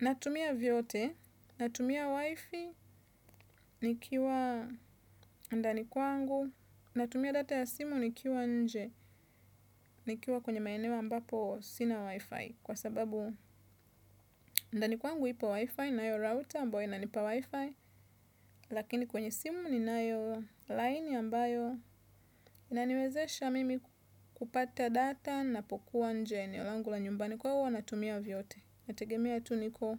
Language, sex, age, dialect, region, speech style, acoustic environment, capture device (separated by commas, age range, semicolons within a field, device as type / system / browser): Swahili, female, 25-34, Tanzania - Dar es Salaam, Tanzania, nervous; tired, traffic; construction; nature, desktop / Windows / Chrome